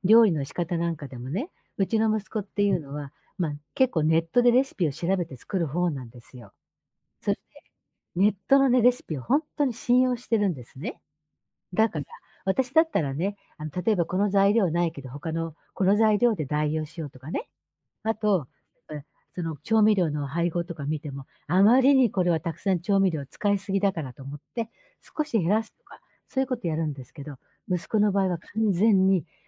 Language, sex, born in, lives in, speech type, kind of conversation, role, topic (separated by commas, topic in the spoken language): Japanese, female, Japan, Japan, conversation, advice, user, 家庭やパートナーと小さな衝突があっただけで大きく落ち込んでしまうのは、どうしてだと思いますか？